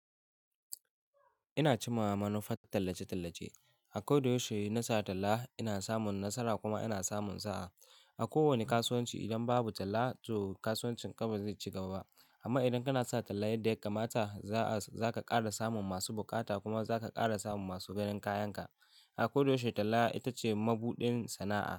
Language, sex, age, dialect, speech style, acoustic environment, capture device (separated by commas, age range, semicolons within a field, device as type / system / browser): Hausa, male, 18-24, Nigeria - Kano, excited; focused; happy, silence, mobile / Linux / Chrome